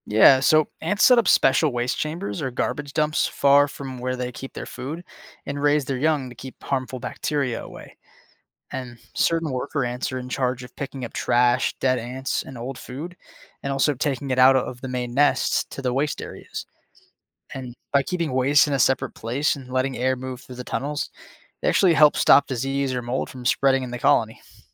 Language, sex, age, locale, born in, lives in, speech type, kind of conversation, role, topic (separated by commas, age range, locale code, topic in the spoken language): English, male, 20-24, en-US, United States, United States, read, expert-assistant, expert, How do ants build complex colonies underground?